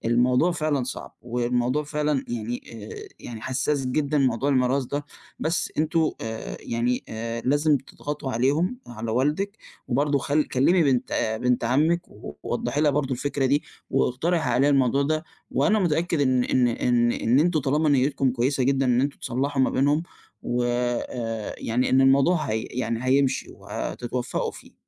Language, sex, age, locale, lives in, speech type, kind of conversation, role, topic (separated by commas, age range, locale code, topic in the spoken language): Arabic, male, 20-24, ar-EG, Egypt, conversation, advice, advisor, إزاي أتعامل مع خناقة كبيرة في العيلة مأثرة على يومنا وعلاقتنا ببعض؟